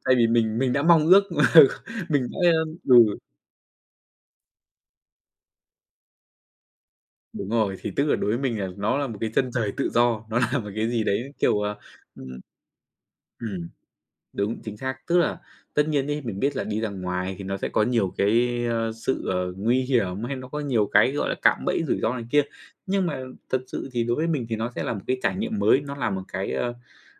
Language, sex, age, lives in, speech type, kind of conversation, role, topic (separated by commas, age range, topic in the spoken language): Vietnamese, male, 25-29, Vietnam, conversation, podcast, guest, Bạn có lời khuyên nào dành cho người lần đầu đi xa không?